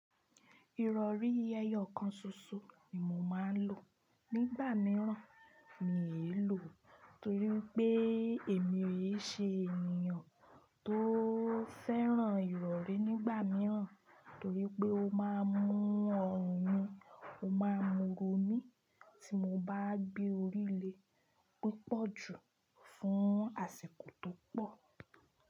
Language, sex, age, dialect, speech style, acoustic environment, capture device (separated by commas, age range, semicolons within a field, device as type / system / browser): Yoruba, female, 25-34, Nigeria - Ibadan, happy, construction, desktop / Linux / Chrome